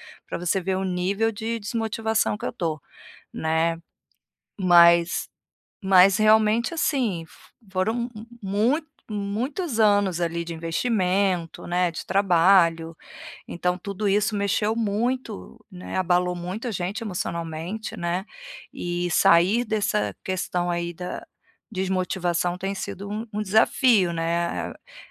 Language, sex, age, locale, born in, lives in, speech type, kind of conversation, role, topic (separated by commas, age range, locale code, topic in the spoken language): Portuguese, female, 45-49, pt-BR, Brazil, Portugal, conversation, advice, user, Como posso recuperar a motivação quando as portas continuam se fechando?